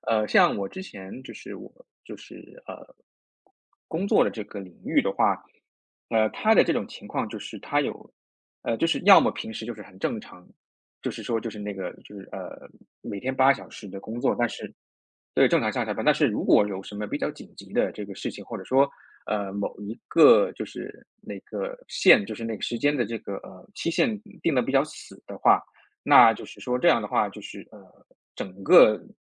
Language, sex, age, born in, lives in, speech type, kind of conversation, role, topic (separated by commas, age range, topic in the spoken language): Chinese, male, 30-34, China, United States, conversation, podcast, guest, 你怎么看待加班文化，它有必要长期存在吗？